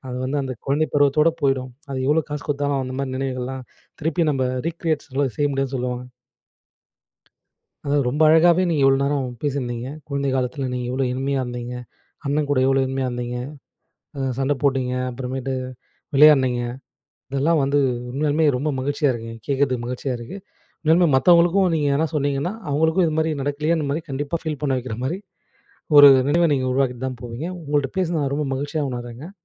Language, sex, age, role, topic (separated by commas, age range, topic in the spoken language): Tamil, male, 25-29, host, உங்கள் குழந்தைக்காலத்தில் நடந்த ஒரு இனிமையான நினைவைச் சொல்ல முடியுமா?